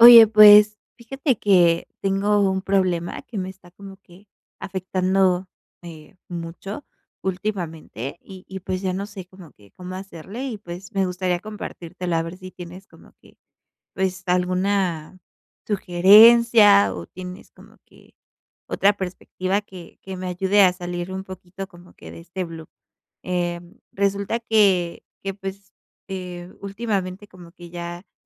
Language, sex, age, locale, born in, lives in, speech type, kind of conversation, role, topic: Spanish, female, 25-29, es-MX, Mexico, Mexico, conversation, advice, user, ¿Cómo puedo planificar para mantener mis hábitos cuando surgen interrupciones o contratiempos?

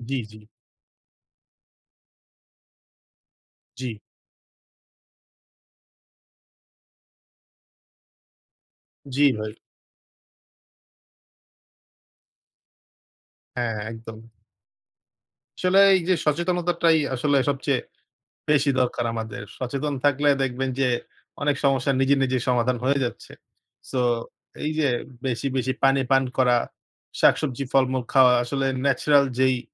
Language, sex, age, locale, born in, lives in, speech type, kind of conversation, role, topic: Bengali, male, 20-24, bn-BD, Bangladesh, Bangladesh, conversation, unstructured, none, নিয়মিত ব্যায়াম, ওজন নিয়ন্ত্রণ, আধুনিক প্রযুক্তির প্রভাব এবং প্রতিদিন কতটা হাঁটা দরকার—এসব নিয়ে আপনার মতামত কী?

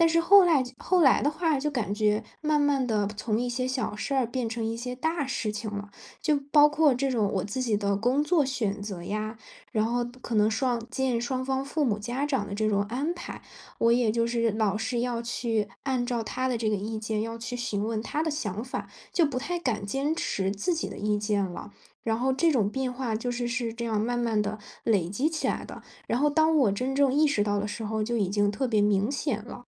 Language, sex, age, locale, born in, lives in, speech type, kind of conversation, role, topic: Chinese, female, 20-24, zh-CN, China, Germany, conversation, advice, user, 在恋爱关系中，我为什么会觉得自己逐渐失去自我？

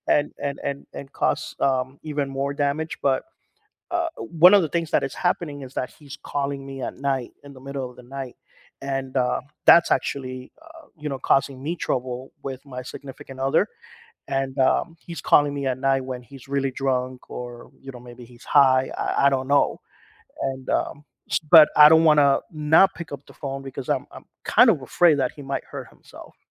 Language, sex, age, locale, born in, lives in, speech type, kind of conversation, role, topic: English, male, 45-49, en-US, United States, United States, conversation, advice, user, How can I cope with the sadness of a fading friendship?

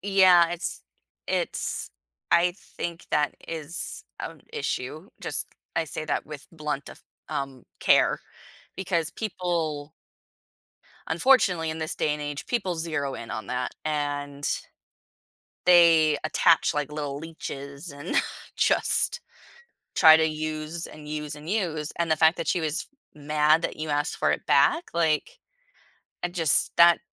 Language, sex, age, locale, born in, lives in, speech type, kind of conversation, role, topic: English, female, 30-34, en-US, United States, United States, conversation, advice, advisor, How can i resolve a disagreement with a close friend without damaging our friendship?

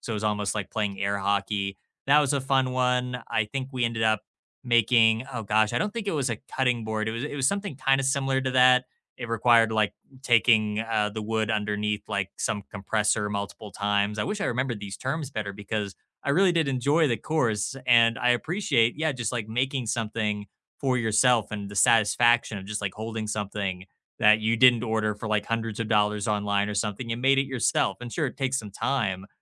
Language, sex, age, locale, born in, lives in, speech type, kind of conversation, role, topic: English, male, 25-29, en-US, United States, United States, conversation, unstructured, none, What new hobby would you love to try soon, and what draws you to it?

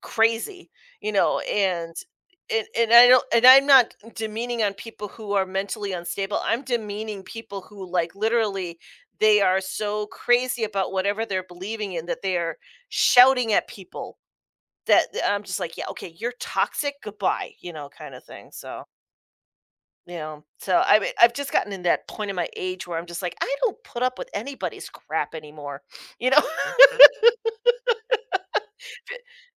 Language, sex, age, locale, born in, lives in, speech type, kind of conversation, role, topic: English, female, 45-49, en-US, United States, United States, conversation, podcast, host, Why is it important to set boundaries for your mental well-being?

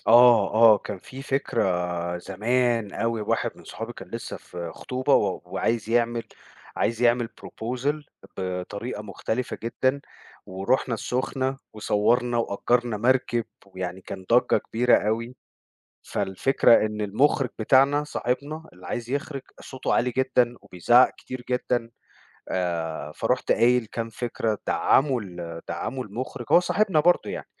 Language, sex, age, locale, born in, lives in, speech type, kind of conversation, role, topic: Arabic, male, 40-44, ar-EG, Egypt, United States, conversation, podcast, guest, إيه اللي بيساعدك تحوّل الفكرة من مجرد فكرة لمشروع حقيقي؟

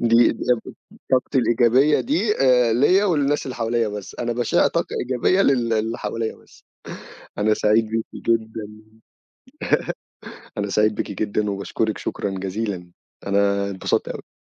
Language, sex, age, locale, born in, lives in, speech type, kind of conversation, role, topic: Arabic, male, 25-29, ar-EG, Egypt, Egypt, conversation, podcast, host, إزاي تخلّي شغلة عادية تبقى وقت حلو ومليان فرح؟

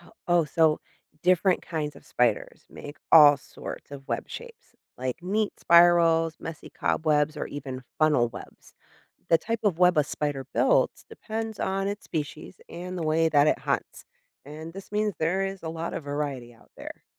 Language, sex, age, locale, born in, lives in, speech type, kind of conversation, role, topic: English, female, 40-44, en-US, United States, United States, read, expert-assistant, expert, How do spiders build and maintain their webs?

